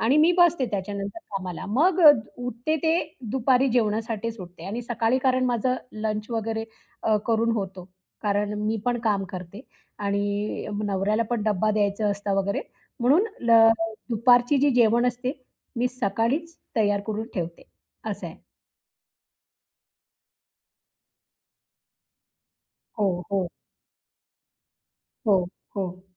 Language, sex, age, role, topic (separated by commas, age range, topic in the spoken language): Marathi, female, 35-39, guest, तुमच्या दिवसात तुम्ही कोणती सोपी आरोग्यदायी दिनचर्या पाळता?